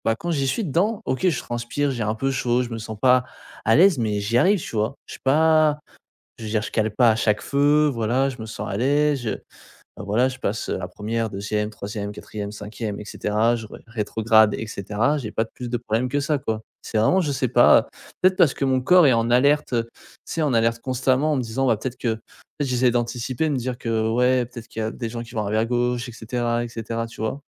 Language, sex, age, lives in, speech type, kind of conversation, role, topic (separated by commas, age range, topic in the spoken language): French, male, 20-24, France, conversation, advice, user, Comment arrêter de ruminer pour passer à l’action ?